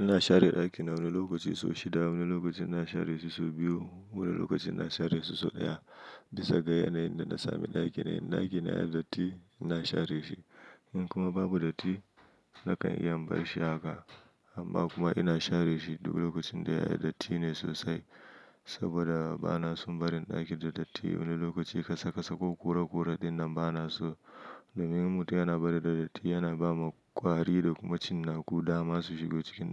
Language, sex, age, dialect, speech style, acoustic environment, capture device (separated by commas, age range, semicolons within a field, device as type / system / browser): Hausa, male, 25-34, Nigeria - Nigerian Standard, happy, silence; nature, mobile / Linux / Chrome